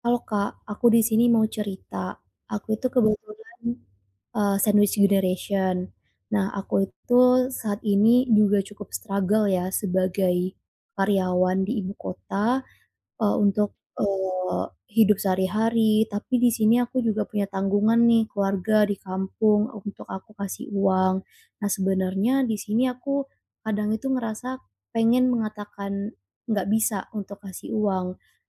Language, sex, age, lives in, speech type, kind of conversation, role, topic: Indonesian, female, 25-29, Indonesia, conversation, advice, user, Bagaimana cara mengurangi beban memberi tanpa selalu mengharapkan balasan?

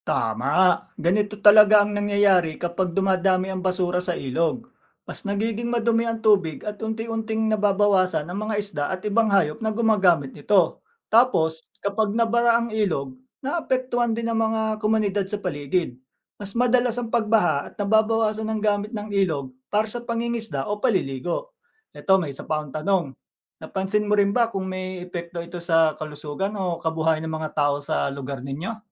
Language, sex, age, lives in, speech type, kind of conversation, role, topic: Filipino, male, 40-44, Philippines, read, expert-assistant, expert, Bakit mahalaga ang tamang pagtatapon ng basura?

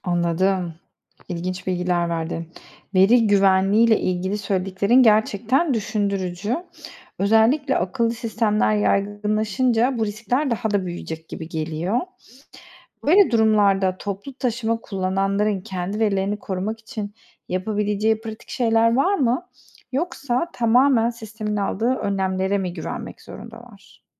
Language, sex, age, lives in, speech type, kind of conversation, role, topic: Turkish, female, 30-34, Netherlands, read, expert-assistant, asker, Toplu taşımada sık yaşanan arızaların sebepleri ve olası çözümleri nelerdir?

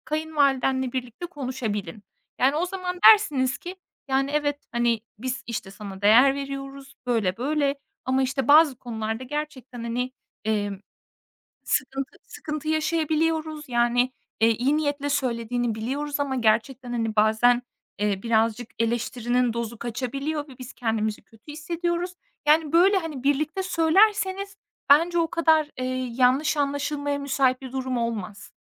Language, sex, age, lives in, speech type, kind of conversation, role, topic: Turkish, female, 25-29, Estonia, conversation, advice, advisor, Kayınvalideniz aile kararlarınıza ne ölçüde karışıyor ve bu size nasıl hissettiriyor?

